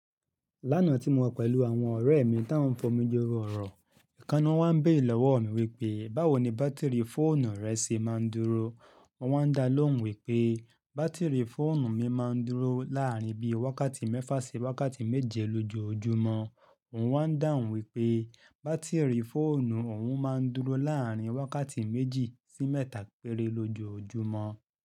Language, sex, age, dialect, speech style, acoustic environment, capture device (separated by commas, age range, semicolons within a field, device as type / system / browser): Yoruba, male, 35-44, Nigeria - Ibadan, happy; excited; focused; relaxed, nature, mobile / Linux / Chrome